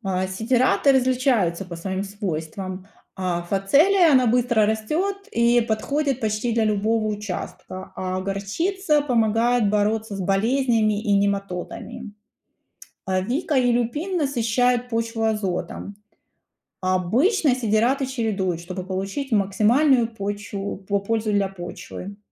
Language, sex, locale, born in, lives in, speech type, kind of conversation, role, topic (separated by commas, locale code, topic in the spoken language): Russian, female, ru-RU, Ukraine, United States, read, expert-assistant, expert, Если бы поле было как квартира, какие «правила соседства» действовали бы между разными растениями?